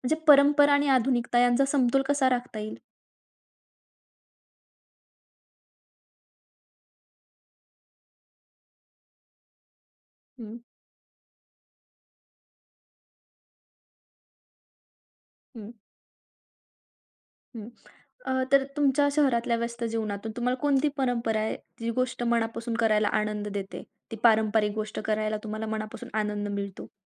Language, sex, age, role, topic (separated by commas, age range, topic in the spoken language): Marathi, female, 20-24, host, शहरात राहूनही तुम्ही पारंपरिक रूढी-परंपरा कशा जपता?